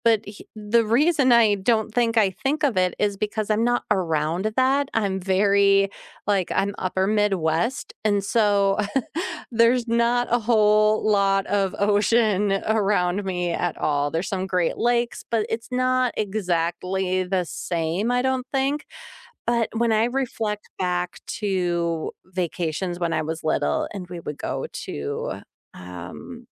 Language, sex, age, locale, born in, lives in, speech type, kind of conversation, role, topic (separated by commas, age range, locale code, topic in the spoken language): English, female, 40-44, en-US, United States, United States, conversation, unstructured, none, How does spending time outside affect your mood?